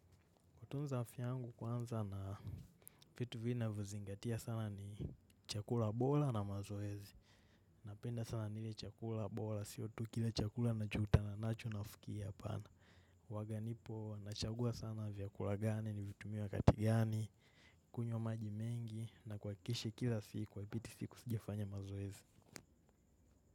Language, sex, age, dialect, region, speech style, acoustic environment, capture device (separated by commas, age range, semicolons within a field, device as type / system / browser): Swahili, male, 18-24, Kenya - Nairobi Sheng-influenced, Kenya, relaxed, silence, mobile / macOS / Safari